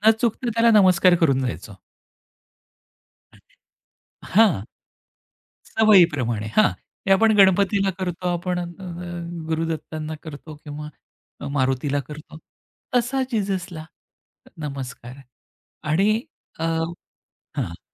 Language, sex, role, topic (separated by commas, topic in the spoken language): Marathi, male, guest, तुमच्या ओळखीत दोन किंवा अधिक संस्कृतींचे घटक कसे मिसळले आहेत?